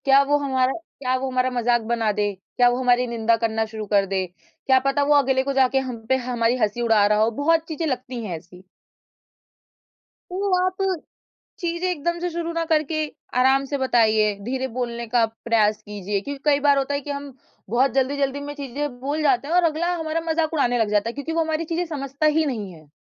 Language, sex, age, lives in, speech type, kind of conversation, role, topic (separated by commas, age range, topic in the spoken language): Hindi, female, 20-24, India, conversation, advice, advisor, क्या आप लोगों की आलोचना के डर से अपनी बात नहीं रख पाते हैं?